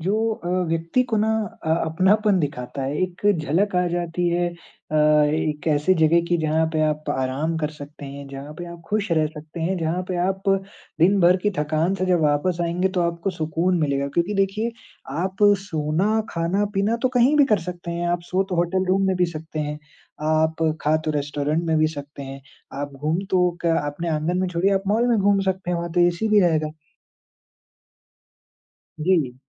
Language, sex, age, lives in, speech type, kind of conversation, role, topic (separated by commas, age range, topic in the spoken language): Hindi, male, 20-24, India, conversation, podcast, guest, आपके लिए घर का मतलब क्या होता है?